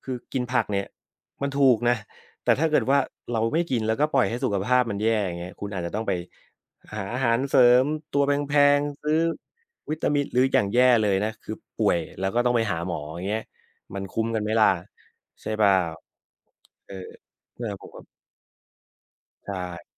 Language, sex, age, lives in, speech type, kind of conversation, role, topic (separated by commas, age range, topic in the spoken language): Thai, male, 30-34, Thailand, conversation, podcast, guest, ทำยังไงให้คนในบ้านชอบกินผัก?